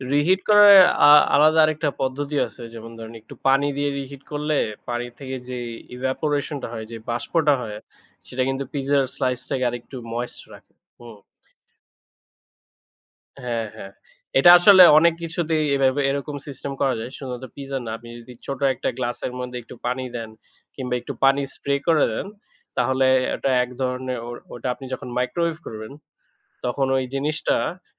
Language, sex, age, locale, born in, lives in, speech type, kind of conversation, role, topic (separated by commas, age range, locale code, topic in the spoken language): Bengali, male, 25-29, bn-BD, Bangladesh, Bangladesh, conversation, unstructured, none, বন্ধুদের সঙ্গে ভাগ করে খেতে আপনার সবচেয়ে ভালো লাগে কোন খাবারটি?